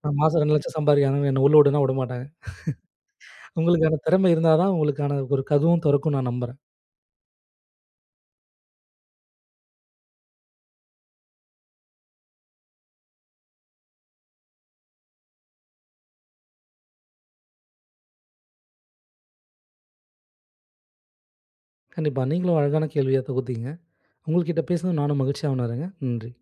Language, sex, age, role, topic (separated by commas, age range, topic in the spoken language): Tamil, male, 25-29, guest, நீங்கள் ஒரு புதிய திறமையை எப்படிக் கற்றுக்கொள்கிறீர்கள்?